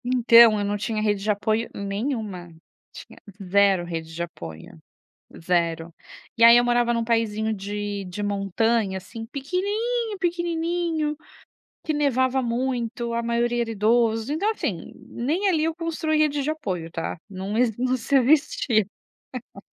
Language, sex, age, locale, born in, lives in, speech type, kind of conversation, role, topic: Portuguese, female, 35-39, pt-BR, Brazil, Italy, conversation, podcast, guest, Como construir uma rede de apoio do zero?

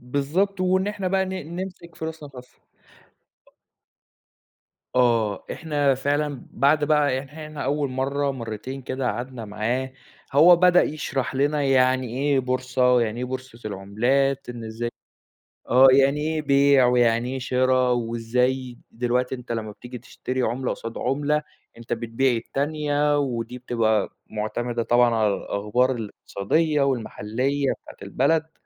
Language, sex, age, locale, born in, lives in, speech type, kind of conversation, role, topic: Arabic, male, 20-24, ar-EG, Saudi Arabia, Egypt, conversation, podcast, guest, احكيلنا عن صداقة غيّرت حياتك إزاي؟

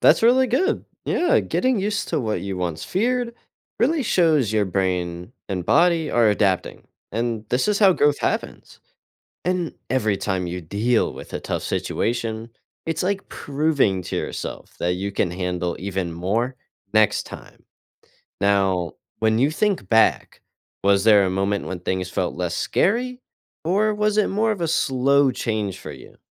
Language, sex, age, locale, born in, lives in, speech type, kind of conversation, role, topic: English, male, 18-19, en-US, United States, United States, read, expert-assistant, expert, How does facing fears promote personal growth?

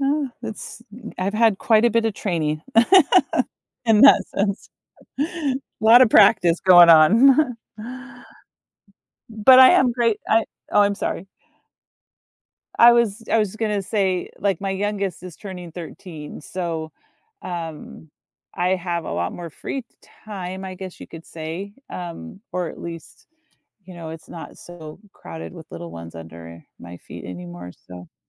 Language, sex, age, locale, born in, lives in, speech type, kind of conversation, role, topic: English, female, 50-54, en-US, United States, United States, conversation, podcast, guest, What habits or routines help you start your day on a positive note?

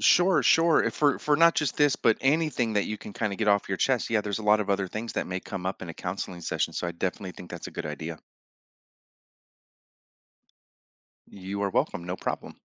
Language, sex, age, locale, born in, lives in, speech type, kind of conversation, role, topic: English, male, 45-49, en-US, United States, United States, conversation, advice, advisor, How can I forgive myself and move forward after past mistakes?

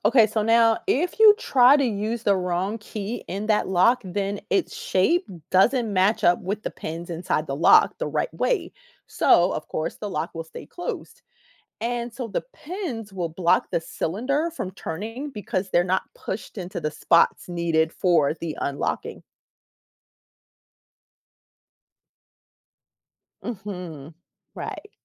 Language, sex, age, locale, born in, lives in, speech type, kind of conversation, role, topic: English, female, 45-49, en-US, United States, United States, read, expert-assistant, expert, How does the lock and key mechanism work?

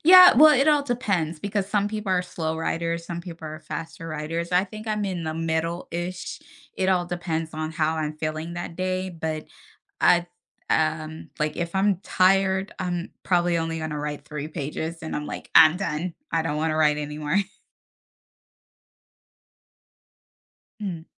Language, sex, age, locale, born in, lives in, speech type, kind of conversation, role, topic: English, female, 30-34, en-US, United States, United States, conversation, podcast, guest, What helps people overcome hesitation and find inspiration when starting to write creatively?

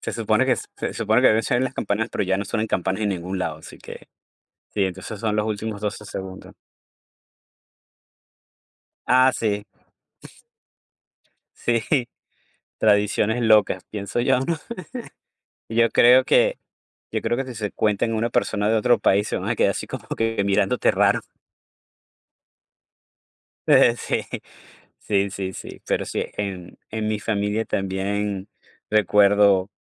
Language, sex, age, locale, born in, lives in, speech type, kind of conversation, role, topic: Spanish, male, 45-49, es-VE, Venezuela, United States, conversation, unstructured, none, ¿Cómo celebras las tradiciones familiares en tu cultura?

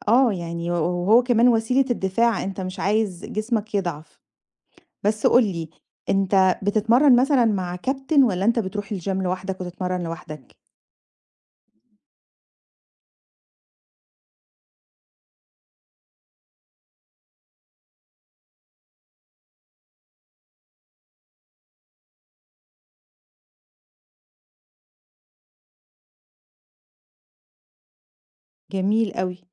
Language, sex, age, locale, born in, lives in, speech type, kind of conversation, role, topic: Arabic, female, 40-44, ar-EG, Egypt, Greece, conversation, advice, advisor, إزاي أتعامل مع خوفي إني أتعرّض لإصابة وأنا برفع أوزان؟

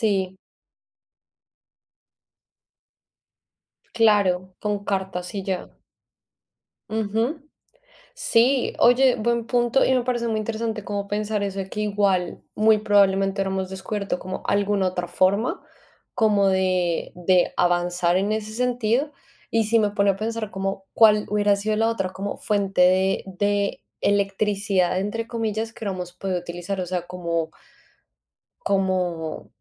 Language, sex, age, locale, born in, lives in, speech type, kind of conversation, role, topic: Spanish, female, 25-29, es-CO, Colombia, Spain, conversation, unstructured, none, ¿Qué invento científico consideras el más útil hasta ahora?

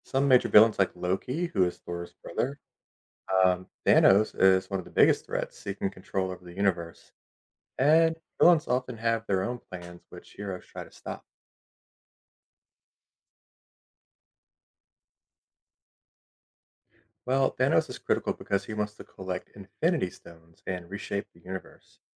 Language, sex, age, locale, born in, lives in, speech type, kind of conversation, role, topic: English, male, 30-34, en-US, United States, United States, read, expert-assistant, expert, Explain how the Marvel Cinematic Universe (MCU) is structured.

